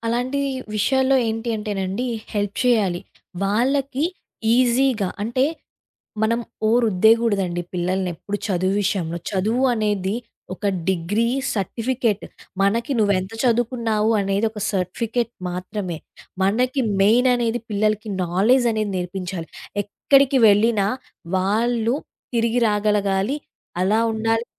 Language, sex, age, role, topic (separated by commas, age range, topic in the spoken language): Telugu, female, 20-24, guest, పిల్లల్లో నేర్చుకునే ఆసక్తిని పెంపొందించేందుకు తల్లిదండ్రులు ఏమేమి చేయాలని మీరు సూచిస్తారు?